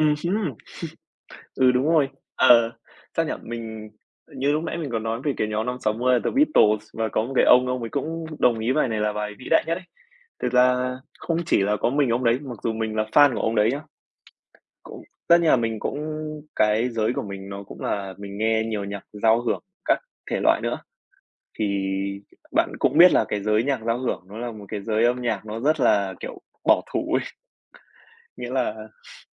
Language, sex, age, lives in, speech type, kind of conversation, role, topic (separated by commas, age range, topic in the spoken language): Vietnamese, male, 20-24, Vietnam, conversation, podcast, guest, Bạn có thể kể về một bài hát mà bạn nghe đi nghe lại hoài vẫn không chán không?